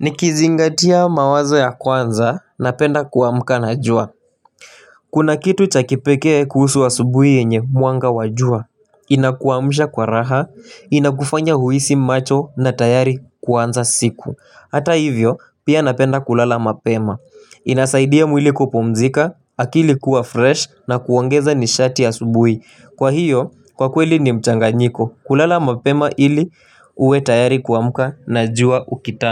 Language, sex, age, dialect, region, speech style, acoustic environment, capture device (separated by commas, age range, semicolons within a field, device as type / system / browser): Swahili, male, 18-24, Kenya - Nairobi Sheng-influenced, Kenya, happy, people talking, mobile / Linux / Chrome